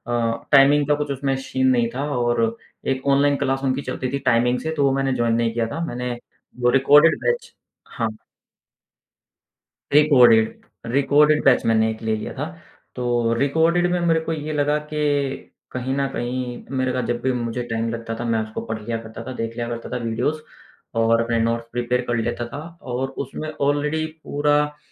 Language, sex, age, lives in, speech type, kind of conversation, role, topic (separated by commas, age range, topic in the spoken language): Hindi, male, 35-39, India, conversation, podcast, guest, Aapko online kurs aur classroom mein se kya zyada behtar laga?